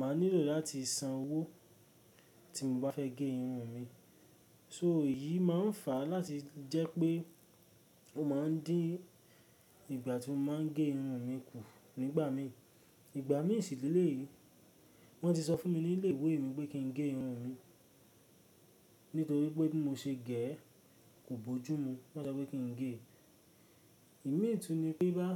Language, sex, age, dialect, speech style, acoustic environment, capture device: Yoruba, male, 18-24, Nigeria - Ibadan, happy; relaxed; focused, nature; silence, mobile / Linux / Chrome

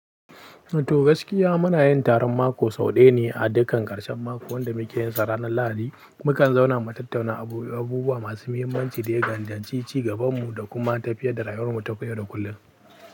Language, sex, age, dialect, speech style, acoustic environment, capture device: Hausa, male, 18-24, Nigeria - Pidgin-influenced, happy, people talking; silence, mobile / Linux / Chrome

